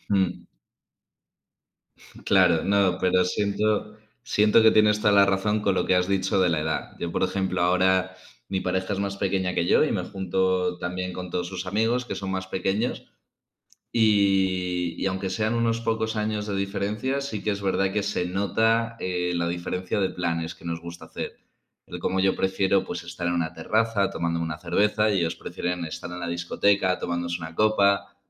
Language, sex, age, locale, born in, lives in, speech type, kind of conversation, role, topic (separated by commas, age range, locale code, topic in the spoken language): Spanish, male, 20-24, es-ES, Spain, Spain, conversation, unstructured, none, ¿Cuál es tu forma favorita de pasar tiempo con tus amigos?